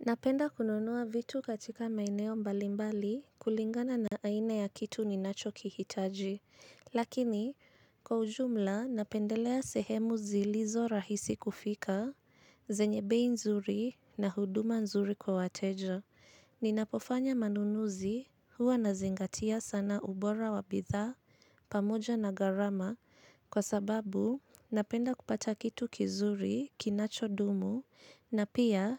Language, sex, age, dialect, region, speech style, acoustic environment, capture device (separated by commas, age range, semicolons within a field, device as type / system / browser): Swahili, female, 25-34, Kenya - Nairobi Sheng-influenced, Kenya, anxious, silence; nature, mobile / Linux / Chrome